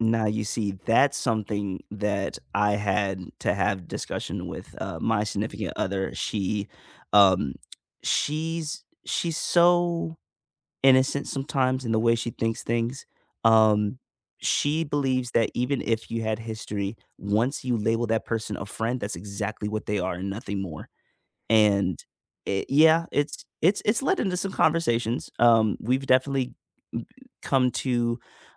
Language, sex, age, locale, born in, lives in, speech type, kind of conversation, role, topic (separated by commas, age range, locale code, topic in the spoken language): English, male, 25-29, en-US, United States, United States, conversation, unstructured, none, What role does compromise play in resolving disagreements?